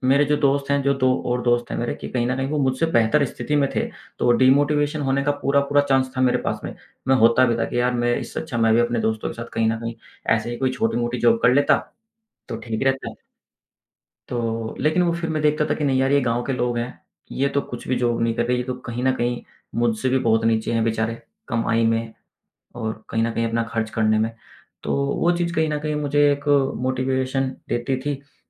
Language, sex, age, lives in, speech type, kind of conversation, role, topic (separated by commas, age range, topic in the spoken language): Hindi, male, 35-39, India, conversation, podcast, guest, Confidence badhane ke liye aap kaun-se practical tips denge?